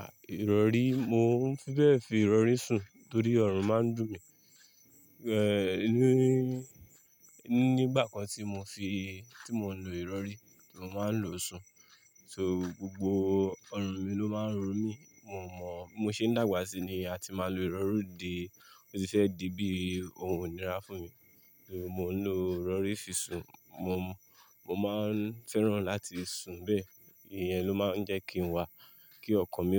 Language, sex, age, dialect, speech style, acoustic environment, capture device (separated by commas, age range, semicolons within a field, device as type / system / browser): Yoruba, male, 25-34, Nigeria - Lagos Yoruba, relaxed, silence, mobile / Linux / Chrome